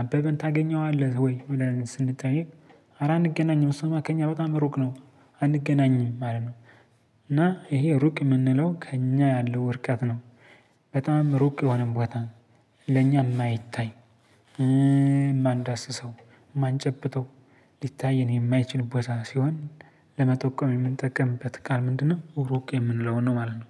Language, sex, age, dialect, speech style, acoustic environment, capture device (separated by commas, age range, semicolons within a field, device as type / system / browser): Amharic, male, 25-34, Ethiopia - Gojjam, focused, silence, mobile / Linux / Chrome